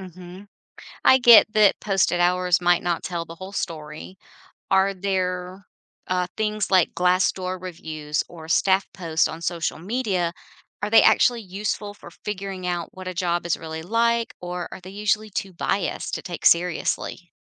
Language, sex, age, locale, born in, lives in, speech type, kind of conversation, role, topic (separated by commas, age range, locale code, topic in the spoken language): English, female, 50-54, en-US, United States, United States, read, expert-assistant, asker, How can you tell if information about different medical specialties online is actually reliable?